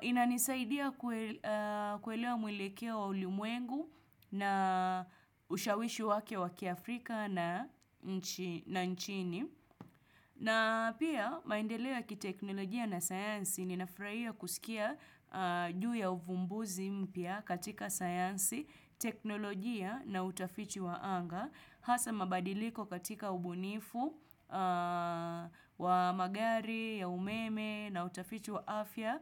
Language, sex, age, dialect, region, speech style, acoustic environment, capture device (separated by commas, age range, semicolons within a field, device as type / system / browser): Swahili, female, 25-34, Kenya - Nairobi Sheng-influenced, Kenya, relaxed; focused, nature; silence, desktop / Windows / Chrome